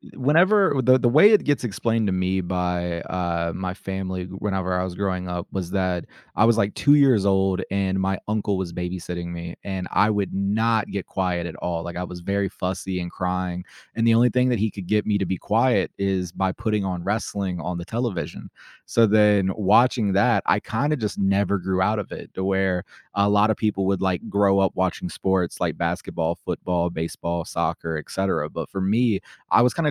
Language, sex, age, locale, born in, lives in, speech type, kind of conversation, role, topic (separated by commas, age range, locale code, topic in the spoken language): English, male, 25-29, en-US, United States, United States, conversation, podcast, guest, How has being creative shaped who you are and how you see the world?